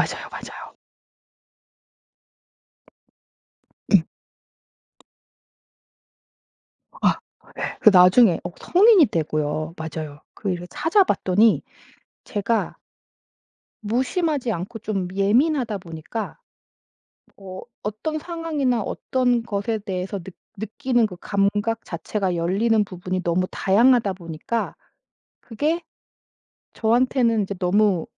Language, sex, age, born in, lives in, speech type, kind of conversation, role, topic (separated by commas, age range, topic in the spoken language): Korean, female, 40-44, South Korea, United States, conversation, podcast, guest, 출근길에 잠깐 자연을 느낄 수 있는 방법을 알고 계신가요?